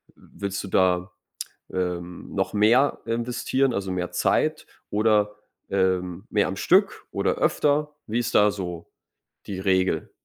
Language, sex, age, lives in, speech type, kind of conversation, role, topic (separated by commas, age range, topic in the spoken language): German, male, 25-29, Germany, conversation, advice, advisor, Wie kann ich bei begrenzter Zeit Prioritäten setzen und trotzdem realistische Ziele erreichen?